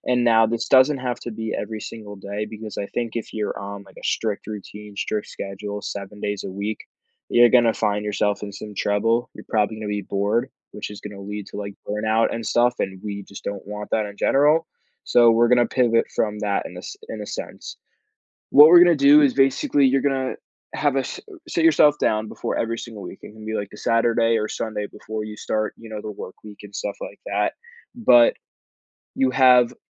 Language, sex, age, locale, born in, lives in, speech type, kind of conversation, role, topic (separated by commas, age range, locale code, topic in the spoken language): English, male, 18-19, en-US, United States, United States, conversation, advice, advisor, How can I adjust to living alone and feel less overwhelmed by daily responsibilities?